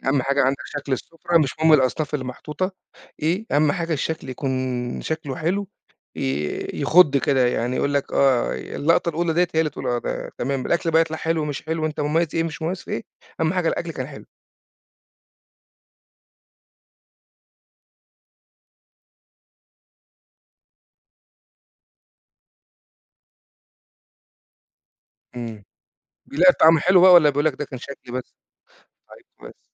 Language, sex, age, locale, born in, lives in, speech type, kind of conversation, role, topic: Arabic, male, 40-44, ar-EG, Egypt, Portugal, conversation, podcast, host, إزاي بتخطّطي وجبة لعيلة كبيرة؟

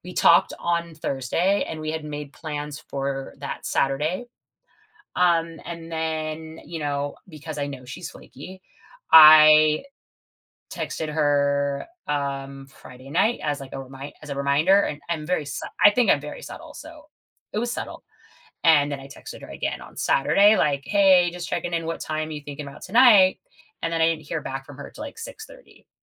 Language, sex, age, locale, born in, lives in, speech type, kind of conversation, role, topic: English, female, 40-44, en-US, United States, United States, conversation, advice, user, How can I address a disagreement with a close friend without damaging our relationship?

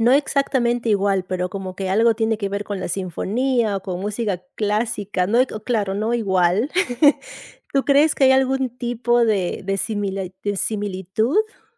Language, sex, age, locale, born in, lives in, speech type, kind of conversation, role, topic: Spanish, female, 35-39, es-PE, Peru, United States, conversation, podcast, host, ¿Qué canción siempre te transporta a otro lugar?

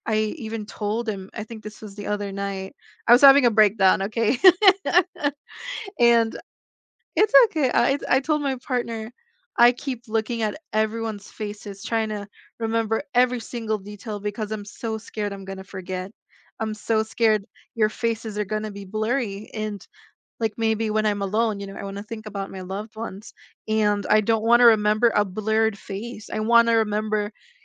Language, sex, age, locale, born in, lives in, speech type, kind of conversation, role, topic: English, female, 20-24, en-US, Philippines, United States, conversation, unstructured, none, How do you decide which memories to keep and which to let fade?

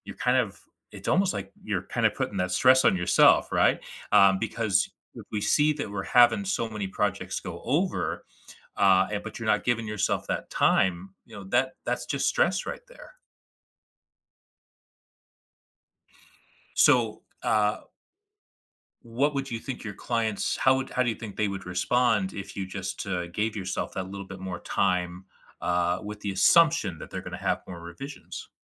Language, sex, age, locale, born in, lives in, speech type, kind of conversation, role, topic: English, male, 35-39, en-US, United States, United States, conversation, advice, advisor, How can I balance work deadlines without sacrificing my personal life?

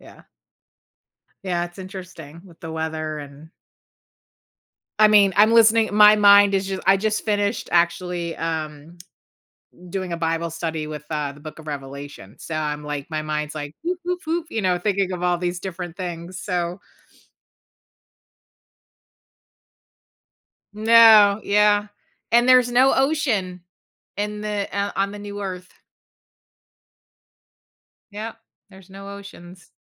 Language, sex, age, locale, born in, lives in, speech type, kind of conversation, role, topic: English, female, 45-49, en-US, United States, United States, conversation, podcast, host, In what ways do plants and trees contribute to a healthier environment for people?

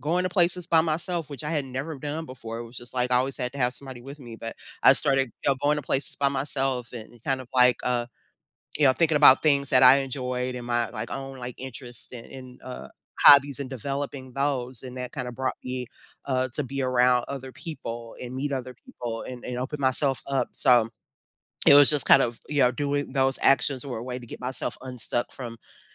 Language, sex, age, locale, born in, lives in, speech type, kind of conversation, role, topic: English, female, 50-54, en-US, United States, United States, conversation, podcast, guest, What helps you move forward during challenging times?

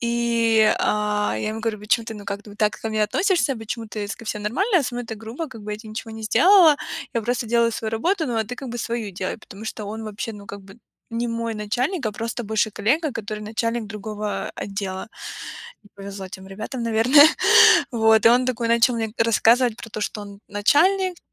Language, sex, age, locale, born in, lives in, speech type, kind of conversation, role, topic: Russian, female, 25-29, ru-RU, Ukraine, United States, conversation, advice, user, Как вы переживаете страх просить о помощи на работе или в семье?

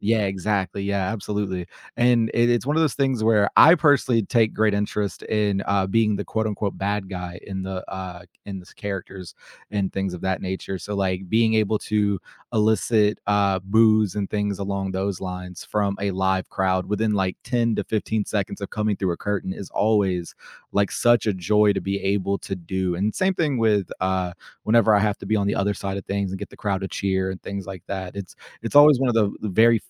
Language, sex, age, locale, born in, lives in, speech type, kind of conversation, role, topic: English, male, 25-29, en-US, United States, United States, conversation, podcast, guest, How has being creative shaped who you are and how you see the world?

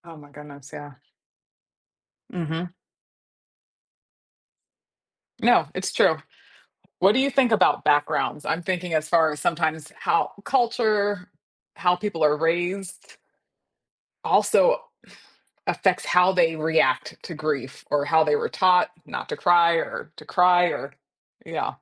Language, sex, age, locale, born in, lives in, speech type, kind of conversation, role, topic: English, female, 30-34, en-US, United States, United States, conversation, podcast, host, How do people experience and cope with the wide range of emotions that come with grief?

